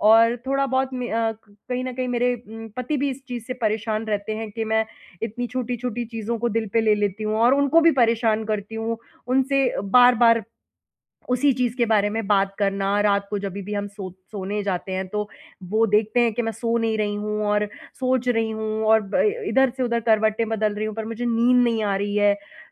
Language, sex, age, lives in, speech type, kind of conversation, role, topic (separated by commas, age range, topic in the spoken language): Hindi, female, 30-34, India, conversation, advice, user, मैं भावनात्मक बोझ से जल्दी कैसे उबरूँ और शांत कैसे महसूस करूँ?